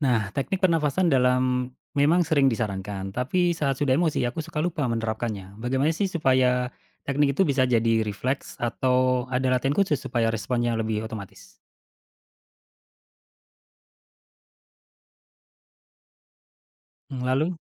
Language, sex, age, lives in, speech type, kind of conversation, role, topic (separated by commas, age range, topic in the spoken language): Indonesian, male, 35-39, Indonesia, read, expert-assistant, asker, Apa pendekatan paling efektif untuk belajar mengenali dan mengelola emosi sendiri saat menghadapi konflik dengan orang terdekat?